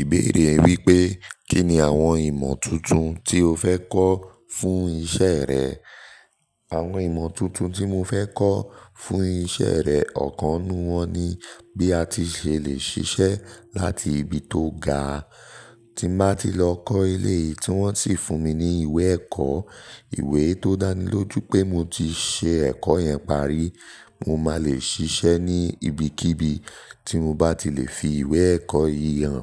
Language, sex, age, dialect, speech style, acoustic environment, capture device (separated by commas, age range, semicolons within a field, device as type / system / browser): Yoruba, male, 18-24, Nigeria - Nigerian Standard, focused, nature; appliances, mobile / Linux / Chrome